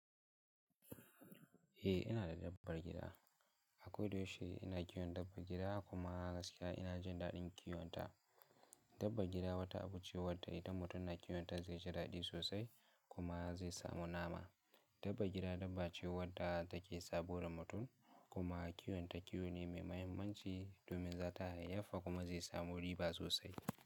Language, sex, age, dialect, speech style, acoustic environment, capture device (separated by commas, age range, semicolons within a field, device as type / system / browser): Hausa, male, 18-24, Nigeria - Kano, happy; excited; focused, silence, mobile / Linux / Chrome